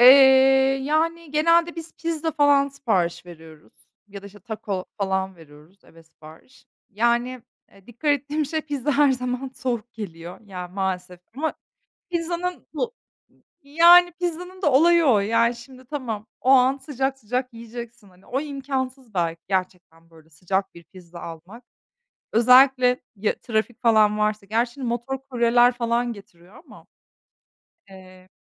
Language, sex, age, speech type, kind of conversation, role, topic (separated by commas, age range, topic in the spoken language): Turkish, female, 30-34, conversation, unstructured, none, Dışarıdan yemek siparişi verirken hangi sorunlarla karşılaştın?